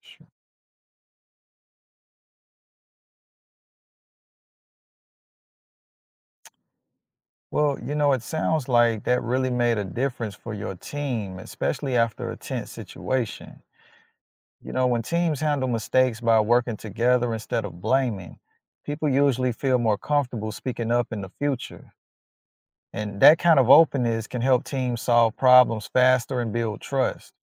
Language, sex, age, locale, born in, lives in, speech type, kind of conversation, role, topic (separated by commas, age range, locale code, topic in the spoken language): English, male, 35-39, en-US, United States, United States, read, expert-assistant, expert, What is a simple example of cognitive dissonance?